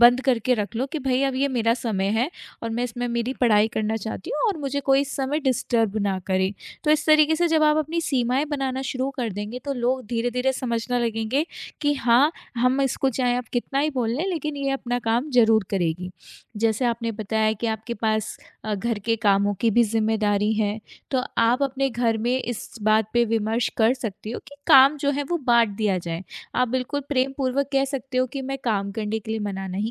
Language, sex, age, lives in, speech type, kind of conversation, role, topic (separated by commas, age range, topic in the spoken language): Hindi, female, 25-29, India, conversation, advice, advisor, आप अपने परिवार की जिम्मेदारियों और अपनी इच्छाओं के बीच होने वाले टकराव को कैसे संभालते हैं?